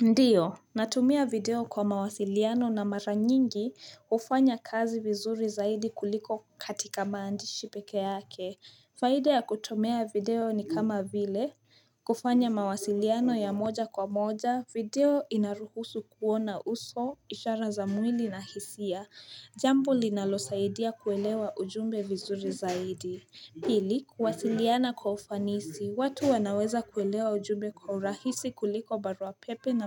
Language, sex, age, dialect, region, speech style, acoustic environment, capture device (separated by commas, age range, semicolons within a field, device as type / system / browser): Swahili, female, 18-24, Kenya - Nairobi Sheng-influenced, Kenya, relaxed; focused, nature, mobile / Linux / Chrome